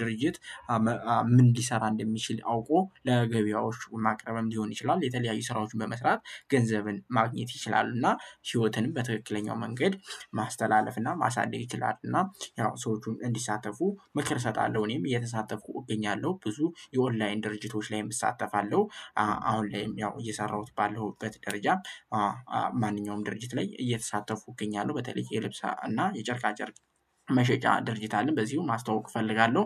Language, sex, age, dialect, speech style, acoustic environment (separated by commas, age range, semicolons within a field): Amharic, male, 18-24, Ethiopia - Gojjam, happy, people talking; nature; traffic